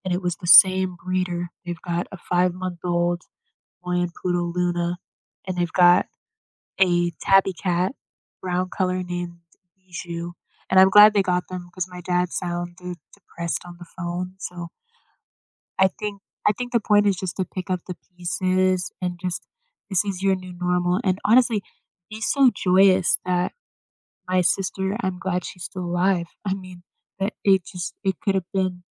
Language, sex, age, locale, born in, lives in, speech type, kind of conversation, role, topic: English, female, 25-29, en-US, United States, United States, conversation, podcast, guest, How do people create a sense of purpose or understanding after experiencing loss?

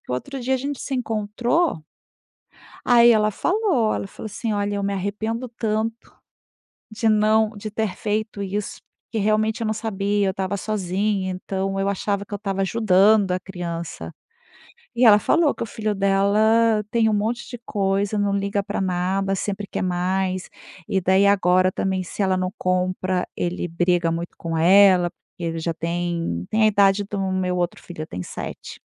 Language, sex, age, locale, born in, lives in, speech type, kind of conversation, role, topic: Portuguese, female, 50-54, pt-BR, Brazil, Spain, conversation, podcast, guest, Como lidar com as birras e o choro dos filhos?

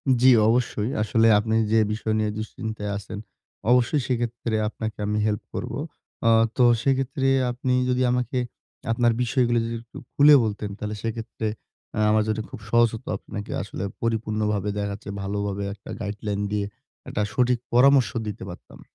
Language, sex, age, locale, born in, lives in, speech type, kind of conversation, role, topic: Bengali, male, 20-24, bn-BD, Bangladesh, Bangladesh, conversation, advice, advisor, আর্থিক সিদ্ধান্ত নিয়ে ভবিষ্যতে অনুশোচনা কীভাবে কমাতে পারি?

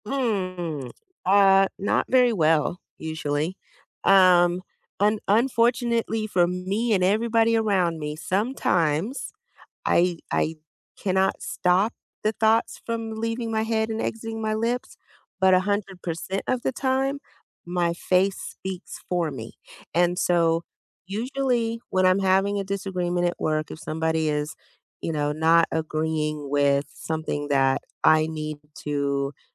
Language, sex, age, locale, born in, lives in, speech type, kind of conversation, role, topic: English, female, 45-49, en-US, United States, United States, conversation, unstructured, none, How do you handle disagreements at work?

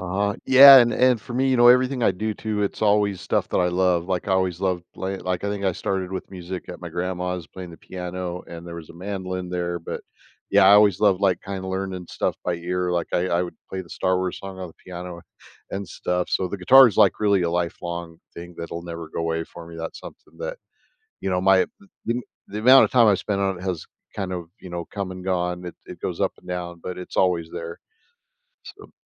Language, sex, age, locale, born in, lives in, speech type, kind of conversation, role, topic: English, male, 55-59, en-US, United States, United States, conversation, podcast, guest, What motivates people to keep learning and growing throughout their lives?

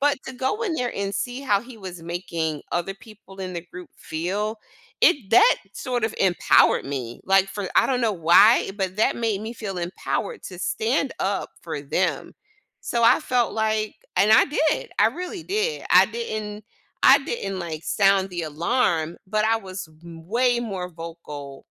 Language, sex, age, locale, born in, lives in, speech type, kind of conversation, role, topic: English, female, 45-49, en-US, United States, United States, conversation, podcast, guest, How can embracing different perspectives improve group decision-making and creativity?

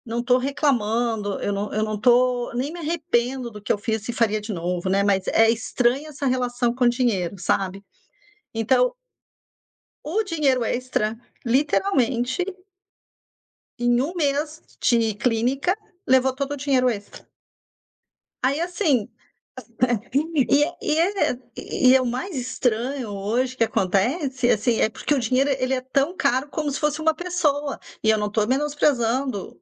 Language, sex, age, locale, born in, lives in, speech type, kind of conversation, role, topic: Portuguese, female, 55-59, pt-BR, Brazil, United States, conversation, advice, user, Como um gasto inesperado pode destruir seu planejamento financeiro?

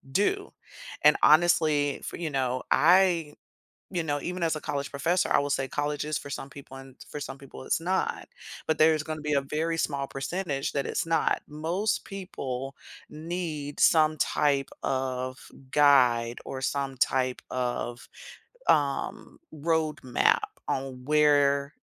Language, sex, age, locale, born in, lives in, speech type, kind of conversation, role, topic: English, female, 45-49, en-US, United States, United States, conversation, podcast, guest, How are modern pressures shaping the student experience today?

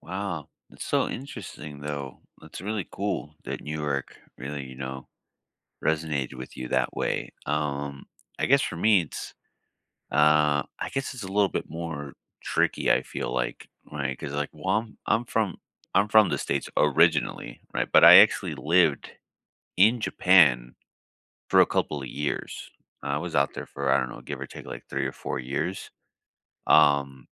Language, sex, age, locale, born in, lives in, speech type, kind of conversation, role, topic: English, male, 30-34, en-US, United States, United States, conversation, unstructured, none, Which neighborhoods felt like home on your first visit, and what instantly won you over?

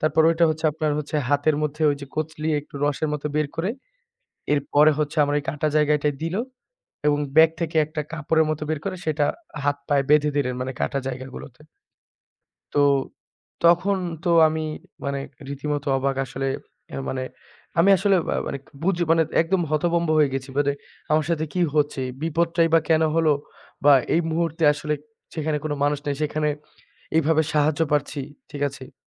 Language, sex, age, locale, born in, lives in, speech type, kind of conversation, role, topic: Bengali, male, 25-29, bn-BD, Bangladesh, Bangladesh, conversation, podcast, guest, ভ্রমণের সময় কখনো কি কোনো অচেনা মানুষের সাহায্যে আপনার বড় কোনো সমস্যা মিটেছে?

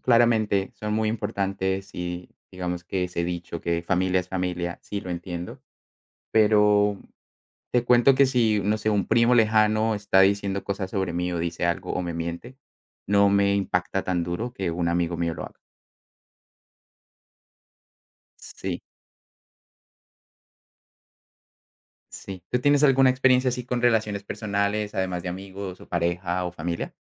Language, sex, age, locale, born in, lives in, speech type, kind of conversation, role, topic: Spanish, male, 25-29, es-CO, Colombia, Netherlands, conversation, unstructured, none, ¿Crees que siempre es correcto decir la verdad?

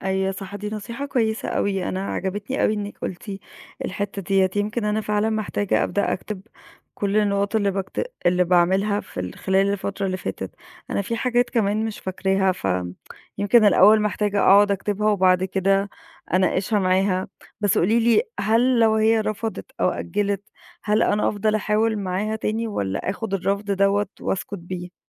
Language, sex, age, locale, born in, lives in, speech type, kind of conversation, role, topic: Arabic, female, 30-34, ar-EG, Egypt, Egypt, conversation, advice, user, إزاي أطلب ترقية وأنا شاكك إني مستحقها؟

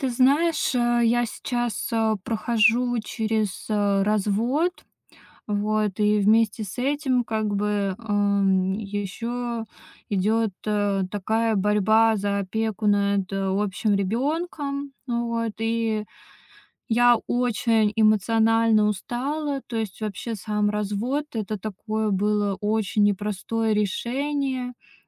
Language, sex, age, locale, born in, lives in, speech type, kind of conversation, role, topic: Russian, female, 30-34, ru-RU, Russia, Estonia, conversation, advice, user, Как справиться с разводом, борьбой за опеку над детьми и эмоциональной усталостью?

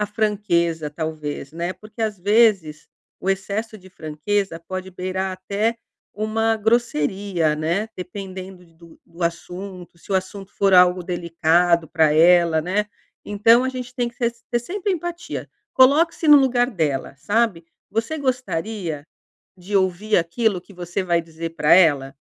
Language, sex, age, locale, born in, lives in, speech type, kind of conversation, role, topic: Portuguese, female, 50-54, pt-BR, Brazil, Portugal, conversation, advice, advisor, Como posso comunicar minhas necessidades afetivas sem repetir velhos padrões?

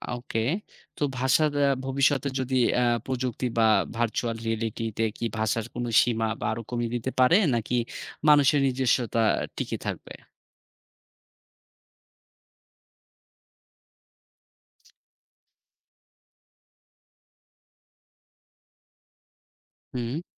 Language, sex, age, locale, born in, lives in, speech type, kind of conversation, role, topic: Bengali, male, 30-34, bn-BD, Bangladesh, Bangladesh, read, expert-assistant, asker, যদি পৃথিবীর সব মানুষ একই ভাষায় কথা বলত, তাহলে আমাদের সংস্কৃতি, সাহিত্য ও ব্যক্তিগত পরিচয় কিভাবে বদলে যেত?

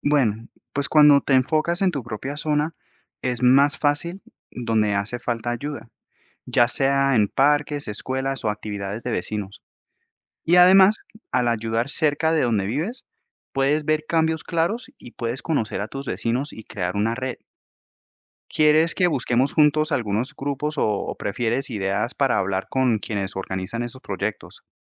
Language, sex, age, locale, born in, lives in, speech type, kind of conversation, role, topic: Spanish, male, 30-34, es-CO, United States, United States, read, expert-assistant, expert, ¿Cómo contribuyen los voluntariados al bienestar social?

